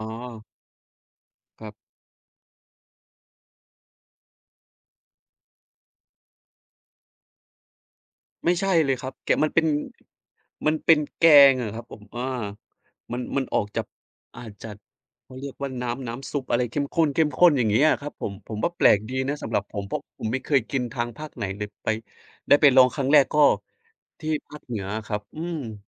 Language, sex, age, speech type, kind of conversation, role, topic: Thai, male, 30-34, conversation, unstructured, none, อะไรที่ทำให้อาหารท้องถิ่นมีความพิเศษสำหรับคุณ?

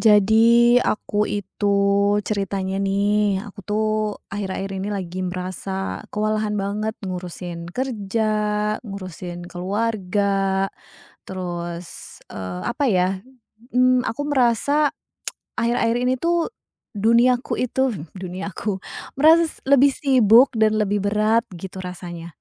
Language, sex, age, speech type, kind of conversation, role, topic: Indonesian, female, 30-34, conversation, advice, user, Mengapa saya merasa kewalahan mengurus pekerjaan dan keluarga serta sulit untuk merasa tenang?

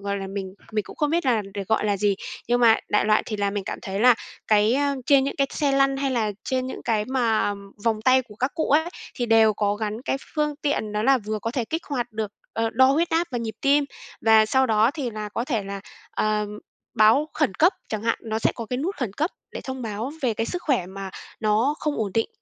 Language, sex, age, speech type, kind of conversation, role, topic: Vietnamese, female, 25-29, conversation, podcast, guest, Công nghệ sẽ giúp người cao tuổi như thế nào trong tương lai?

